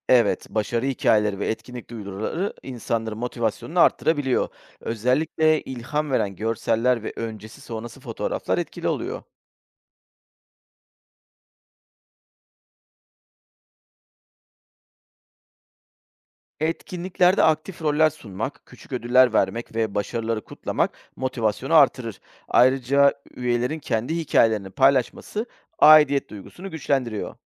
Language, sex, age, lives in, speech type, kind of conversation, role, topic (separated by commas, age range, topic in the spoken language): Turkish, male, 40-44, Greece, read, expert-assistant, expert, ‘İklim’ ile ‘hava durumu’ arasındaki fark nedir ve neden bu ayrım yapılır?